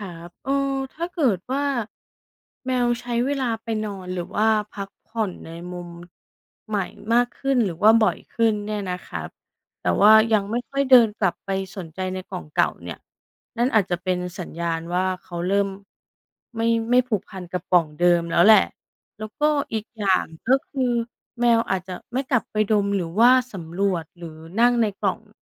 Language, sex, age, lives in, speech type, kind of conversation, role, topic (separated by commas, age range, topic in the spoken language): Thai, female, 35-39, Thailand, read, expert-assistant, expert, เหตุใดแมวถึงชอบนอนในกล่อง